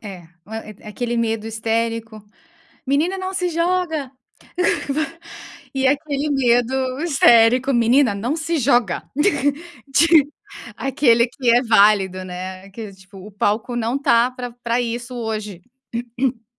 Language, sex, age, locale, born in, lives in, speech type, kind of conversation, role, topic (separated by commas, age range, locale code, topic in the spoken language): Portuguese, female, 30-34, pt-BR, Brazil, United States, conversation, unstructured, none, Como você convenceria alguém a dar o primeiro passo, mesmo com medo?